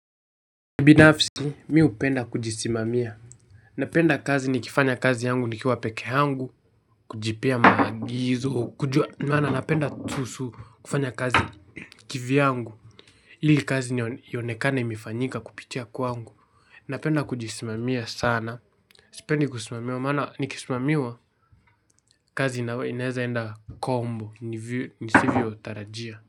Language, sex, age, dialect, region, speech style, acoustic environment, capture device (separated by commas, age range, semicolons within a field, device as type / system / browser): Swahili, male, 18-24, Kenya - Nairobi Sheng-influenced, Kenya, excited, nature, mobile / Linux / Chrome